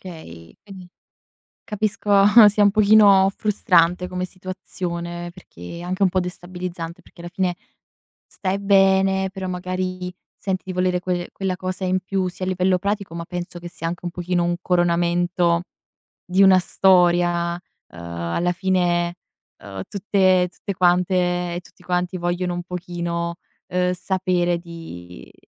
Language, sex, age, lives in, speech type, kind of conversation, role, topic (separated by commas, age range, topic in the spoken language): Italian, female, 20-24, Italy, conversation, advice, advisor, Perché state pensando di sposarvi ora, dopo una lunga convivenza?